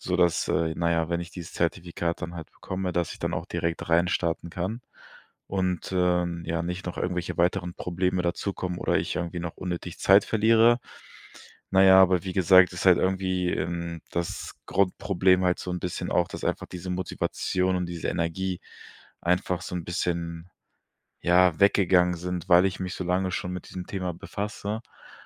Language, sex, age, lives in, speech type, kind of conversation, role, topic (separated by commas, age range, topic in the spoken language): German, male, 25-29, Germany, conversation, advice, user, Wie kann ich bei langen Aufgaben meine Motivation und Energie aufrechterhalten?